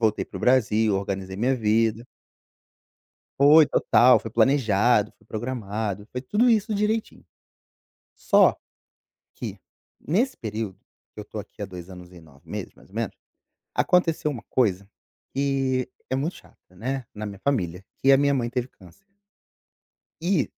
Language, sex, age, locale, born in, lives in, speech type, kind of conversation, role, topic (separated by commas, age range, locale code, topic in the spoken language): Portuguese, male, 35-39, pt-BR, Brazil, Portugal, conversation, advice, user, Como você descreveria a saudade intensa que sente da sua família e da sua antiga casa?